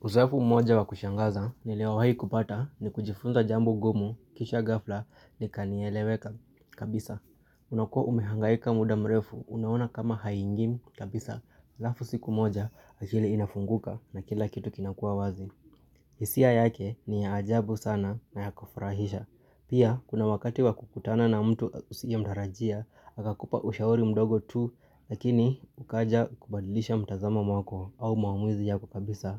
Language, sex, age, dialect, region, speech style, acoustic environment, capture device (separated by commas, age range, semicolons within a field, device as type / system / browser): Swahili, male, 25-34, Kenya - Nairobi Sheng-influenced, Kenya, tired; nervous; excited, people talking, mobile / Linux / Chrome